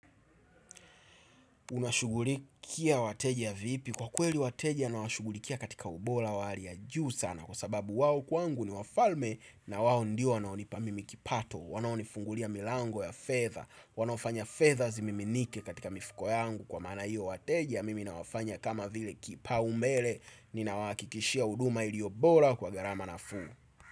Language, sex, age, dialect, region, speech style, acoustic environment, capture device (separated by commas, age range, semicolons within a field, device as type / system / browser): Swahili, male, 25-34, Tanzania - Dar es Salaam, Tanzania, excited, traffic; nature; appliances, desktop / Windows / Chrome